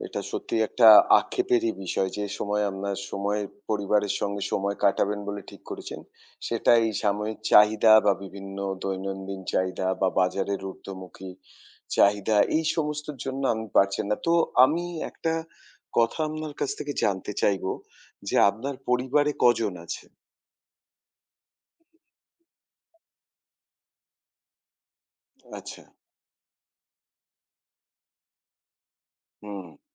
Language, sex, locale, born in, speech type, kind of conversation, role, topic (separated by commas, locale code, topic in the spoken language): Bengali, male, bn-IN, India, conversation, advice, advisor, কাজ ও পরিবারের মধ্যে সময়ের ভারসাম্য রাখতে আপনার কী সমস্যা হচ্ছে?